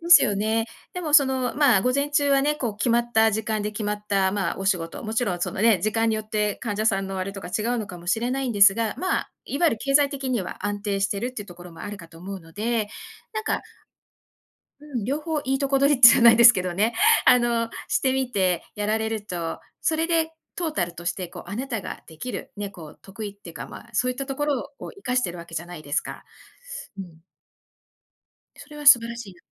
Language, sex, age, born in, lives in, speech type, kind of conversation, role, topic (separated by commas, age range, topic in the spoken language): Japanese, female, 55-59, Japan, United States, conversation, advice, advisor, 限られた時間で優先順位をどう決めればよいですか？